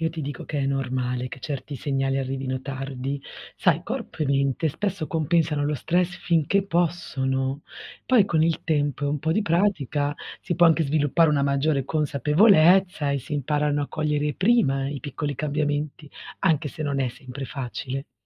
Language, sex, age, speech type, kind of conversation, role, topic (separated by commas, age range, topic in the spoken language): Italian, female, 40-44, read, expert-assistant, expert, Quali sono alcune abitudini che aiutano a gestire le emozioni difficili quando si lavora con persone in difficoltà?